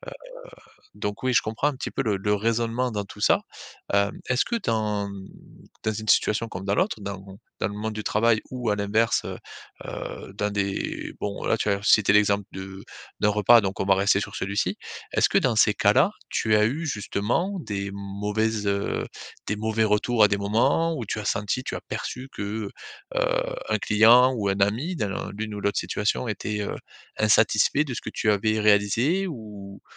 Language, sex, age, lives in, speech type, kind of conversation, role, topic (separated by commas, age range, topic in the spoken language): French, male, 35-39, France, conversation, advice, advisor, Comment puis-je remplacer l’autocritique par plus de bienveillance envers moi-même ?